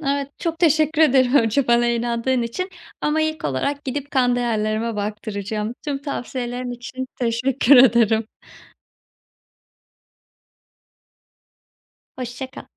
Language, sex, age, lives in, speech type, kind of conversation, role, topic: Turkish, female, 25-29, Bulgaria, conversation, advice, user, Düzenli bir uyku saati ve yatmadan önce rahatlatıcı bir ritüel nasıl oluşturabilirim?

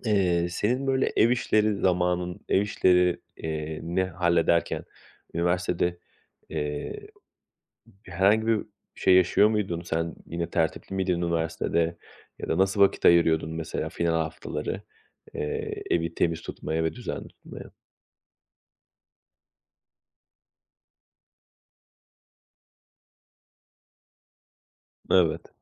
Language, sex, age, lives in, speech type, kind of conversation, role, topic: Turkish, male, 35-39, Poland, conversation, podcast, host, Yoğun iş dönemlerinde ev işleriyle nasıl başa çıkıyorsunuz?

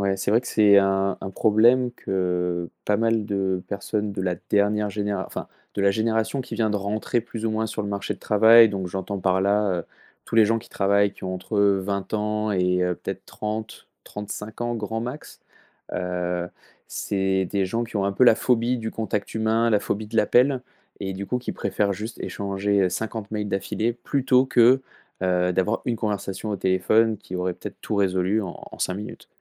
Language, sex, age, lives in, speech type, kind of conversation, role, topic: French, male, 30-34, France, conversation, podcast, host, Pourquoi, à ton avis, autant d’e-mails créent-ils des malentendus ?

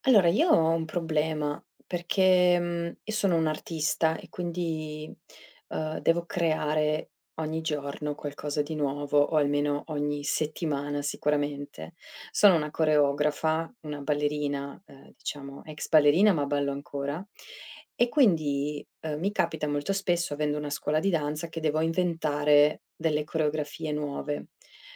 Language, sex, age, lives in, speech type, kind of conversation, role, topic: Italian, female, 35-39, Italy, conversation, advice, user, In che modo il perfezionismo ti impedisce di iniziare o di portare a termine un lavoro creativo?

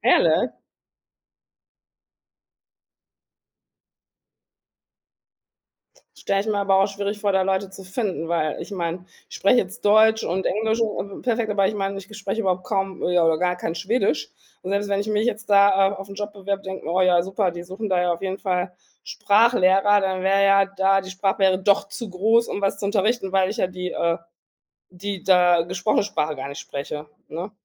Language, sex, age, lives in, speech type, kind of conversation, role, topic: German, female, 40-44, Germany, conversation, podcast, host, Wie bringst du deinen Kindern deine Muttersprache bei?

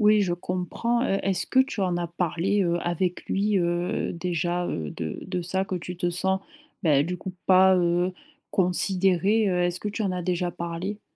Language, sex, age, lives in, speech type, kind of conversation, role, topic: French, female, 25-29, France, conversation, advice, advisor, Comment puis-je mieux gérer ma jalousie et mon insécurité dans ma relation ?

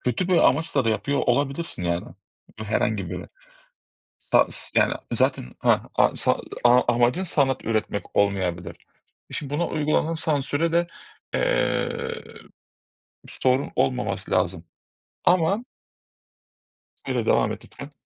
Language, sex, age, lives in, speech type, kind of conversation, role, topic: Turkish, male, 30-34, Greece, conversation, unstructured, none, Sanat eserlerine sansür uygulanmalı mı?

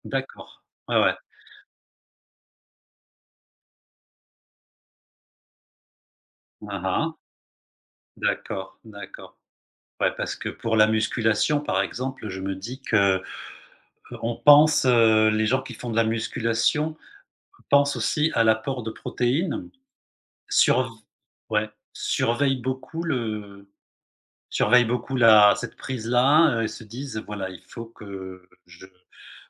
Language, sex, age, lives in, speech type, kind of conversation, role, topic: French, male, 55-59, Spain, conversation, advice, advisor, Comment se passe ta routine alimentaire quand tu sautes des repas et que tu manges à des horaires irréguliers ?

